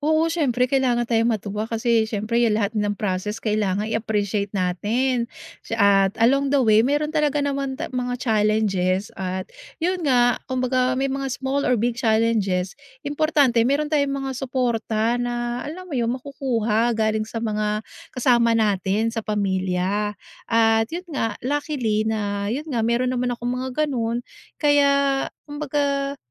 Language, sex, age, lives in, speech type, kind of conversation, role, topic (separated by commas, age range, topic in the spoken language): Filipino, female, 40-44, United States, conversation, podcast, guest, Ano ang nagpapasaya sa’yo kapag may natututuhan kang bagong bagay?